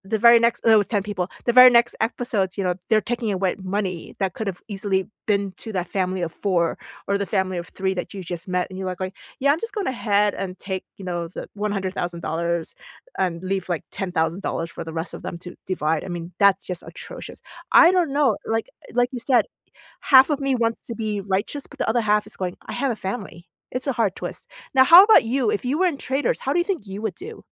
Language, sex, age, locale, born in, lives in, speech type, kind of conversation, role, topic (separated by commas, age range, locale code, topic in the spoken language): English, female, 45-49, en-US, South Korea, United States, conversation, unstructured, none, What reality TV moments did you secretly love or find hard to believe?